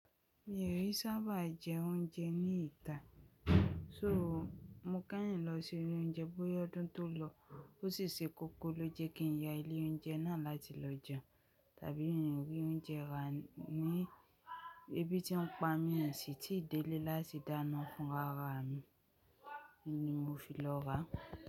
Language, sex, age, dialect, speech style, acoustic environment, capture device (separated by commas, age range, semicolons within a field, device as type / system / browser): Yoruba, male, 25-34, Nigeria - Lagos Yoruba, happy; relaxed, silence, mobile / Linux / Chrome